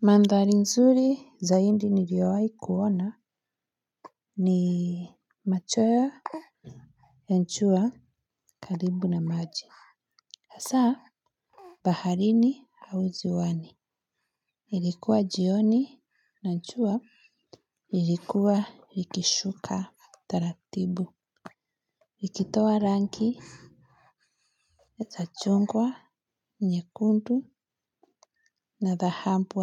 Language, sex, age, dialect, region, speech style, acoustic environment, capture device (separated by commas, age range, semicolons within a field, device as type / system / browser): Swahili, female, 25-34, Kenya - Nairobi Sheng-influenced, Kenya, relaxed, nature, mobile / Linux / Chrome